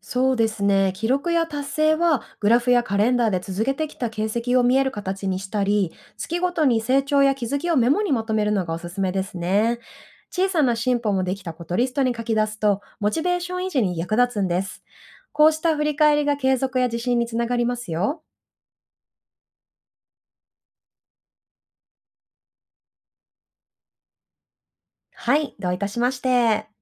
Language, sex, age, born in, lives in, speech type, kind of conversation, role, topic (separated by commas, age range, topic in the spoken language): Japanese, female, 30-34, Japan, Japan, read, expert-assistant, expert, たくさんあるオンライン学習サービスやアプリの中で、自分にとって本当に必要なものを見つけ、使い分けるにはどうしたら良いでしょうか？